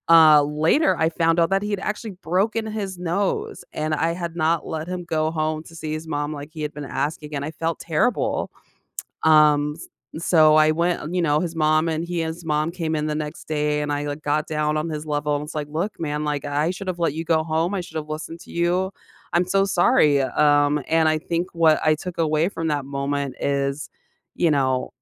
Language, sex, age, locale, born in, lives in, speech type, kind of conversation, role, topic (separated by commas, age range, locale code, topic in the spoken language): English, female, 35-39, en-US, United States, United States, conversation, podcast, guest, How have your biggest mistakes shaped who you are today?